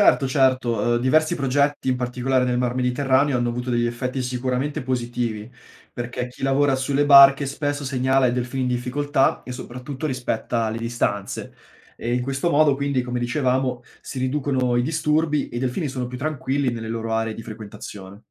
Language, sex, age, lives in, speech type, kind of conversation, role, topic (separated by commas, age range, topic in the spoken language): Italian, male, 25-29, Italy, read, expert-assistant, expert, Perché si dice che i delfini sono così intelligenti? C’è qualcosa che ancora non sappiamo sul loro comportamento?